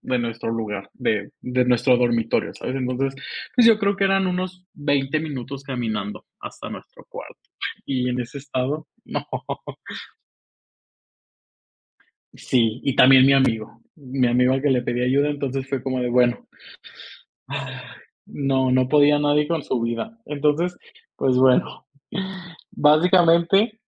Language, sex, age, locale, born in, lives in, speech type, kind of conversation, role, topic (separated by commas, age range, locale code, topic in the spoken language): Spanish, male, 25-29, es-MX, Mexico, Mexico, conversation, podcast, guest, ¿Qué recuerdo de viaje te hace reír cada vez?